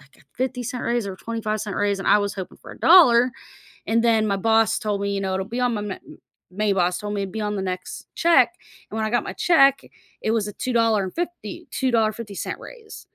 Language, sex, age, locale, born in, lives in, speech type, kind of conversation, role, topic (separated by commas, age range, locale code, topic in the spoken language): English, female, 35-39, en-US, United States, United States, conversation, podcast, guest, What important lesson did you only learn after starting your career?